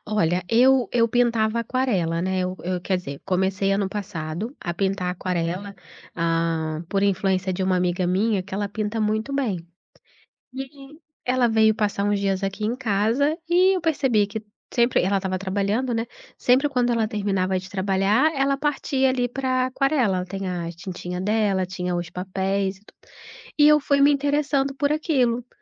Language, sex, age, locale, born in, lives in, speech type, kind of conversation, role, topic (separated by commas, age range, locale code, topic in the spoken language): Portuguese, female, 35-39, pt-BR, Brazil, Portugal, conversation, advice, user, Como posso lidar com a falta de motivação para tarefas do dia a dia e para hobbies que antes me davam prazer?